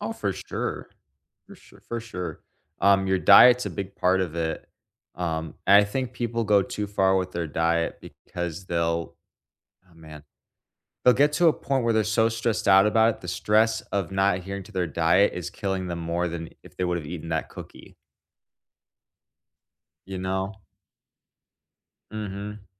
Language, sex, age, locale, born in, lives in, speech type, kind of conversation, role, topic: English, male, 25-29, en-US, United States, United States, conversation, unstructured, none, What habits harm our physical health the most?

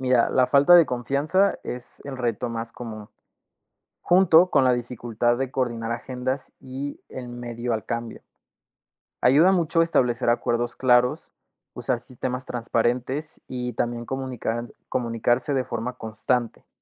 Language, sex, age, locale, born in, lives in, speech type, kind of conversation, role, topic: Spanish, male, 20-24, es-MX, Mexico, Mexico, read, expert-assistant, expert, ¿Cómo pueden los negocios pequeños aprovechar la globalización y la colaboración local?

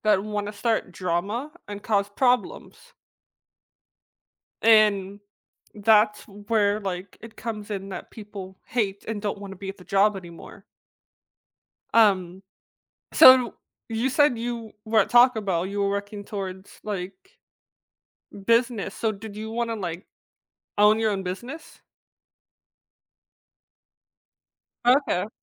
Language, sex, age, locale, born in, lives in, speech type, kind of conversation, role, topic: English, female, 20-24, en-US, United States, United States, conversation, podcast, host, How does music shape your routines and experiences throughout the day?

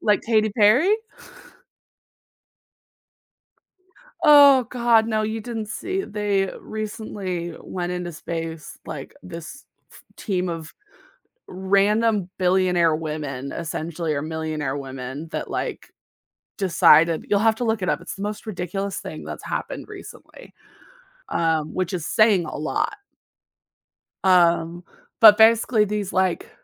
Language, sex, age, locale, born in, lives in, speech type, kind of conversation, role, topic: English, female, 25-29, en-US, United States, United States, conversation, podcast, host, What achievement in your career has had the biggest impact on you?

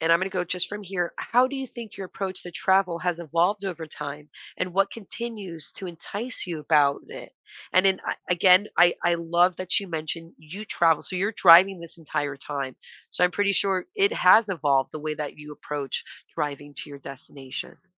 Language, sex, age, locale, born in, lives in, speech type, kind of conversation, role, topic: English, female, 40-44, en-US, United States, United States, conversation, podcast, host, What draws people to explore new places when they travel?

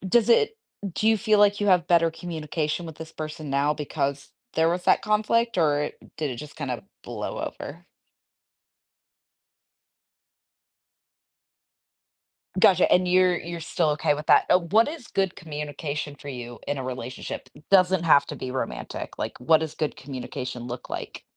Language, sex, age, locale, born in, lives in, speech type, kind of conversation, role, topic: English, female, 40-44, en-US, United States, United States, conversation, podcast, host, How do you recognize and build strong, supportive relationships in your life?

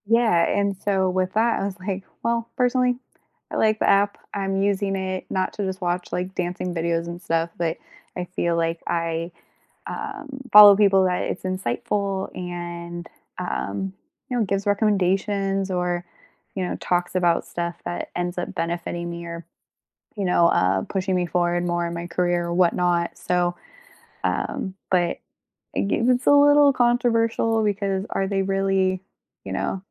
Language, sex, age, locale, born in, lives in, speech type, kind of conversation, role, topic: English, female, 25-29, en-US, United States, United States, conversation, unstructured, none, How do you balance convenience and privacy in the tech you use every day?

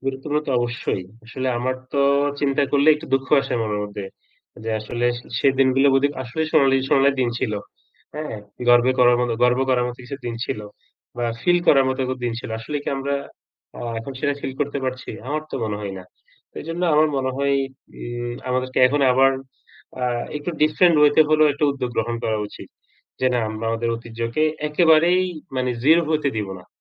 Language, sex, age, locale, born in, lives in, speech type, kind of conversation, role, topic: Bengali, male, 35-39, bn-BD, Bangladesh, Bangladesh, conversation, unstructured, none, বাংলাদেশের কোন ঐতিহ্যবাহী উৎসবটি আপনার সবচেয়ে প্রিয়?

